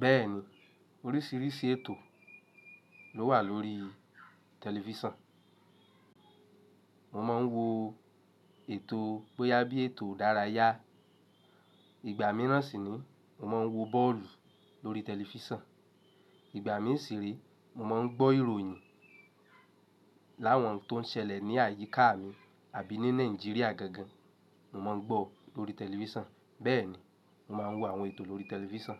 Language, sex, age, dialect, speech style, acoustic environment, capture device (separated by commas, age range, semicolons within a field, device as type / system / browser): Yoruba, male, 25-34, Nigeria - Lagos Yoruba, happy; relaxed; excited, nature; silence, mobile / Linux / Chrome